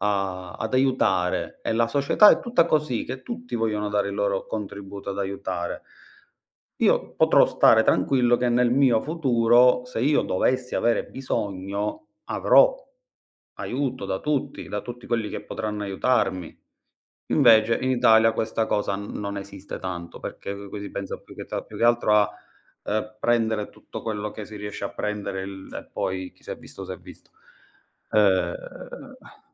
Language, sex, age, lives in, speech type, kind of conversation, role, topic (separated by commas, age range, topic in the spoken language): Italian, male, 35-39, Italy, conversation, unstructured, none, Hai mai avuto l’impressione che la società ignori la salute mentale?